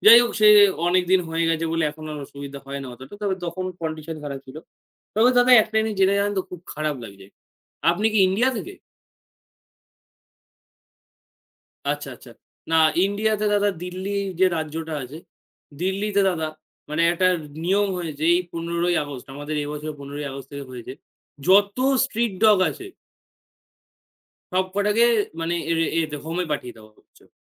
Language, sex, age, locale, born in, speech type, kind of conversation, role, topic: Bengali, male, 18-19, bn-IN, India, conversation, unstructured, none, আপনার প্রিয় প্রাণী কোনটি, আর কেন?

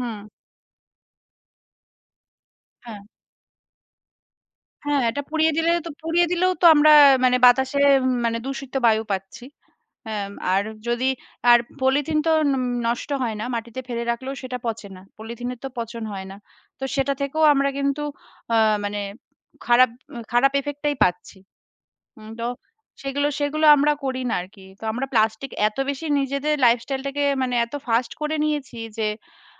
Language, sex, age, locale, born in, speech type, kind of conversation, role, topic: Bengali, female, 30-34, bn-IN, India, conversation, unstructured, none, প্রকৃতির প্রতি মানুষের অবহেলা কেন বন্ধ করা উচিত?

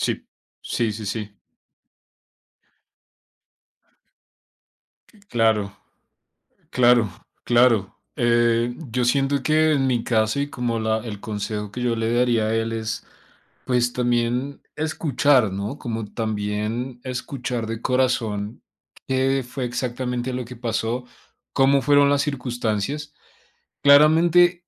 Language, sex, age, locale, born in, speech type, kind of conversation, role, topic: Spanish, male, 25-29, es-CO, Colombia, conversation, unstructured, none, ¿Se puede perdonar una infidelidad y por qué?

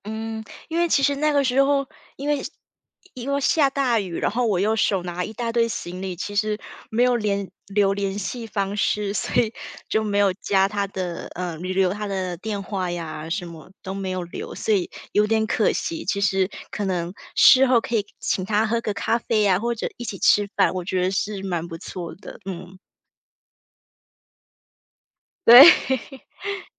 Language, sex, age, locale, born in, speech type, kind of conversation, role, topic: Chinese, female, 35-39, zh-TW, Taiwan, conversation, podcast, guest, 你在本地有没有遇到过热心的陌生人？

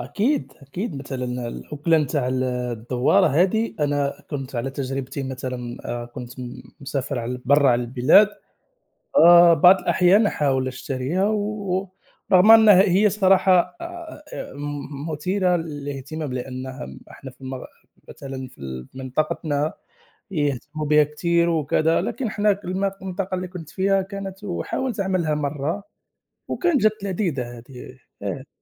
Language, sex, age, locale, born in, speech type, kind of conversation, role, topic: Arabic, male, 30-34, ar-MA, Morocco, conversation, unstructured, none, إيه أحلى ذكرياتك مع الأكلات اللي عيلتك بتعملها؟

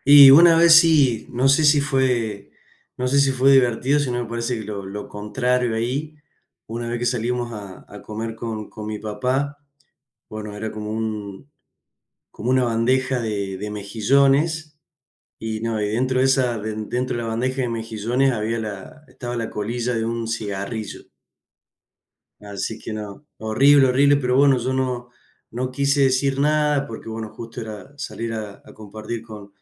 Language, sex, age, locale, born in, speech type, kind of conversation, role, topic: Spanish, male, 30-34, es-AR, Argentina, conversation, unstructured, none, ¿Cuál es tu comida rápida menos favorita y por qué?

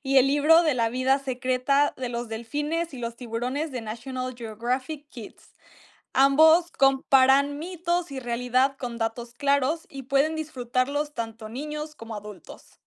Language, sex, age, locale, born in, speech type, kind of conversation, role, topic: Spanish, female, 18-19, es-MX, Mexico, read, expert-assistant, expert, ¿Cuáles son las fuentes más confiables para aprender sobre la vida marina y cómo distinguir información verídica de mitos comunes?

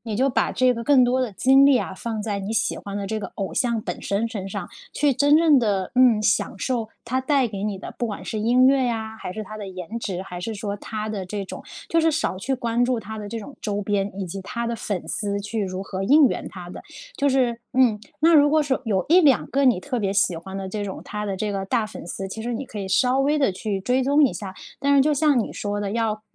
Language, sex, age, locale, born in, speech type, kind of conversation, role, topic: Chinese, female, 30-34, zh-CN, China, conversation, advice, advisor, 看到别人总有活动时，我该如何应对社交比较和害怕错过的感觉？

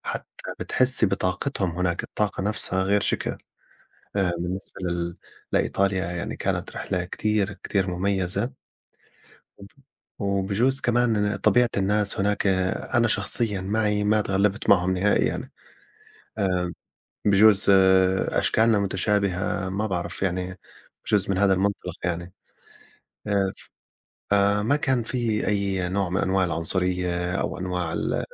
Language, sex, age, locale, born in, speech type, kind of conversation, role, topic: Arabic, male, 40-44, ar-JO, Saudi Arabia, conversation, unstructured, none, إيه أحسن مكان زرته وليه شايفه مميز؟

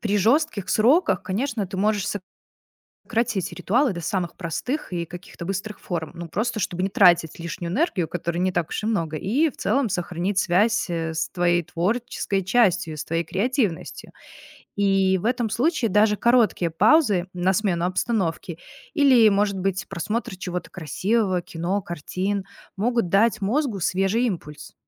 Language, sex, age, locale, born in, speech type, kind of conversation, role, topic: Russian, female, 30-34, ru-RU, Belarus, read, expert-assistant, expert, Что стоит учитывать при планировании недели, чтобы не потерять креативный настрой?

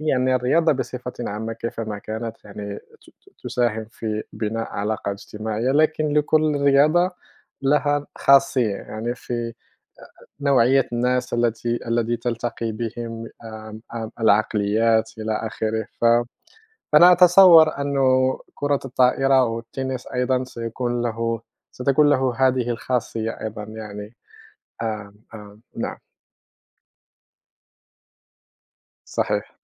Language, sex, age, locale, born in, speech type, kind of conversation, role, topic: Arabic, male, 30-34, ar-MA, Morocco, conversation, unstructured, none, إيه الرياضة اللي بتحب تتفرّج عليها أو تمارسها؟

